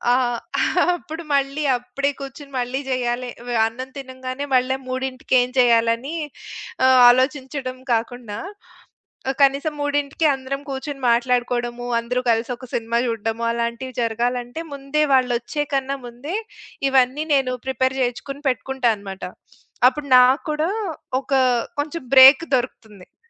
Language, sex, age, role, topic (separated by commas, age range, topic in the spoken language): Telugu, female, 40-44, guest, పండుగ విందులకు తక్కువ బడ్జెట్‌లో ఎలా సిద్ధం అవుతారు?